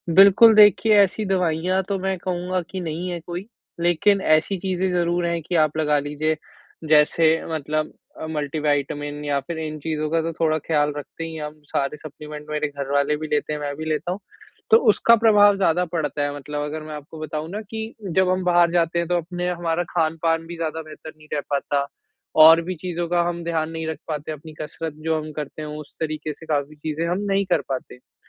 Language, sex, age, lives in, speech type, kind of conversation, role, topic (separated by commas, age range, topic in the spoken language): Hindi, male, 20-24, India, conversation, advice, user, यात्रा के दौरान मैं अपनी और अपने परिवार की सुरक्षा और सेहत कैसे बनाए रखूँ?